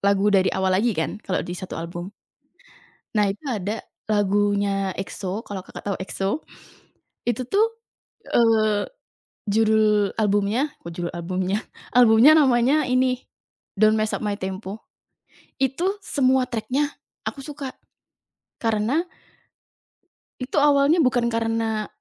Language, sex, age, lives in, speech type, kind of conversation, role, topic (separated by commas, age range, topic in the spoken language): Indonesian, female, 20-24, Indonesia, conversation, podcast, guest, Bagaimana biasanya kamu menemukan musik baru?